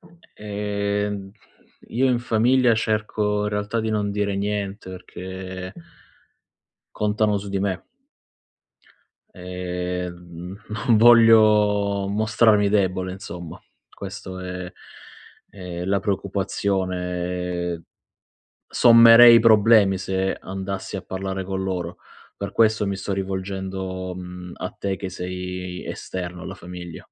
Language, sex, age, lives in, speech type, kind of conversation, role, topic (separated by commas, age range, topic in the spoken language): Italian, male, 30-34, Italy, conversation, advice, user, Come posso ridurre le distrazioni al lavoro usando tecniche di concentrazione e intervalli?